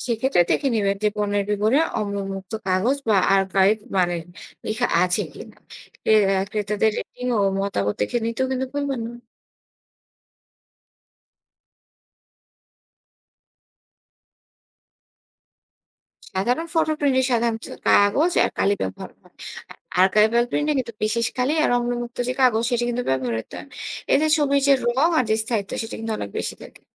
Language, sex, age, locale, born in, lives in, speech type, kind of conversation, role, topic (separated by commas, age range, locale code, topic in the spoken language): Bengali, female, 25-29, bn-BD, Bangladesh, Bangladesh, read, expert-assistant, expert, নানান দেশে ঘুরে আসার গল্পগুলো মনে রাখার জন্য আপনি কীভাবে ছবি, ভিডিও বা নোট গুছিয়ে রাখেন?